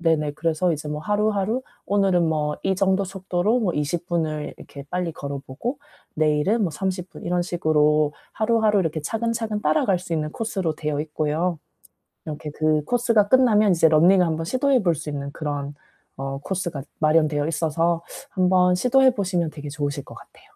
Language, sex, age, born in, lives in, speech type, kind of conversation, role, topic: Korean, female, 35-39, South Korea, South Korea, conversation, advice, advisor, 어떻게 하면 반복되는 걱정의 악순환을 멈추고 벗어날 수 있을까요?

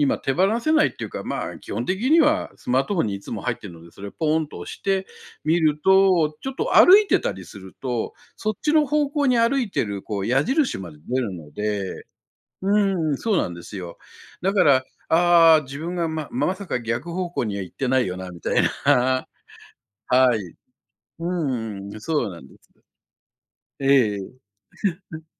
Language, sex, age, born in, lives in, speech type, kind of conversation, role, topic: Japanese, male, 60-64, Japan, Japan, conversation, podcast, guest, 便利なアプリの中で、これだけは手放せないものは何ですか？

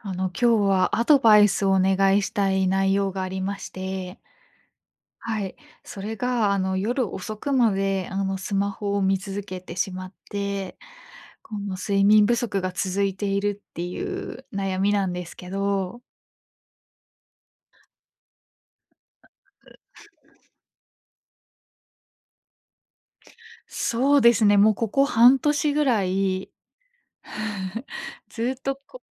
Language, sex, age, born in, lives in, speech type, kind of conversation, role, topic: Japanese, female, 25-29, Japan, Japan, conversation, advice, user, 夜遅くまでスマホを見てしまい、睡眠不足が続いているのですが、どうすれば改善できますか？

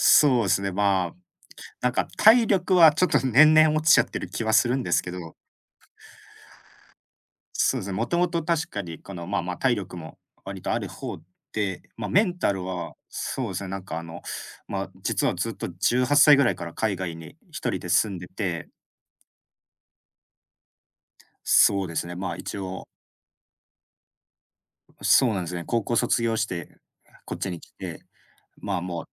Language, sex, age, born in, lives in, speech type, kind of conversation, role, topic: Japanese, male, 25-29, Japan, Japan, conversation, unstructured, none, ご自身の好きなところを一つ教えてください。？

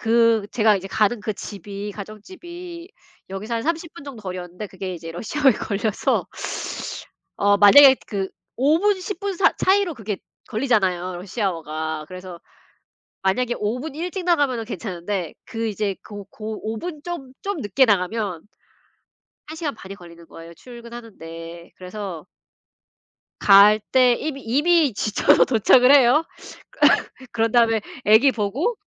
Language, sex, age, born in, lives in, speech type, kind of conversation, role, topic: Korean, female, 40-44, South Korea, United States, conversation, unstructured, none, 일과 휴식의 균형을 어떻게 맞추시나요?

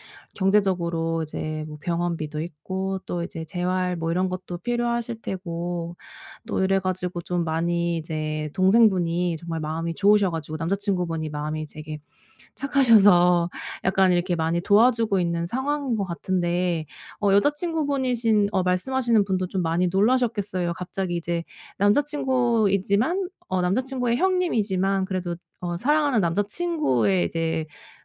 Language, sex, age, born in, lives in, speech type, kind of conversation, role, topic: Korean, female, 35-39, South Korea, Germany, conversation, advice, advisor, 파트너의 슬픔과 불안을 어떻게 진심으로 공감해 줄 수 있을까요?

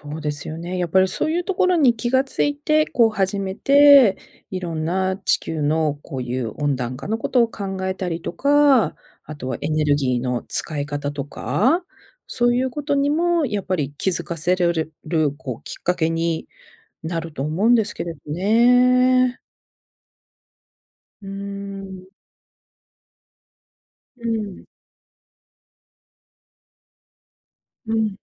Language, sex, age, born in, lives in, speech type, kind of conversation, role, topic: Japanese, female, 50-54, Japan, United States, conversation, podcast, host, 自然の中で、自分が小さい存在だと感じたことはありますか？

